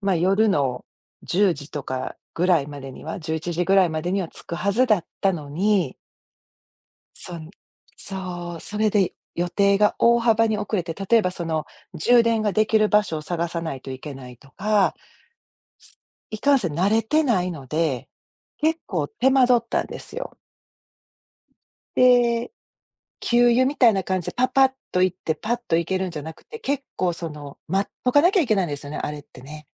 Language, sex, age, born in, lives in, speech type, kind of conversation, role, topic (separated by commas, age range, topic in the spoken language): Japanese, female, 50-54, Japan, United States, conversation, podcast, guest, 家での時間管理はどのように工夫していますか？